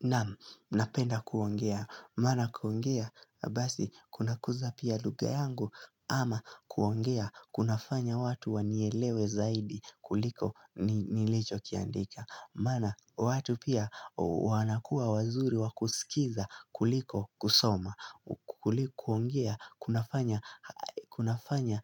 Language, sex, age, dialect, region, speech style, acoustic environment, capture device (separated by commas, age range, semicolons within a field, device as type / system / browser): Swahili, male, 18-24, Kenya - Nairobi Sheng-influenced, Kenya, relaxed, nature, mobile / Linux / Chrome